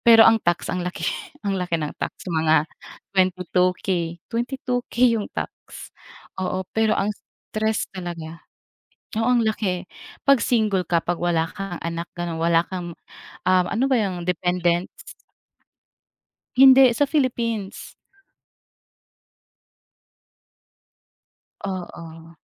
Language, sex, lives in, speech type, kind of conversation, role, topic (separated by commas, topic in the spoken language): Filipino, female, Philippines, conversation, unstructured, none, Paano mo hinaharap ang pagdududa sa sarili?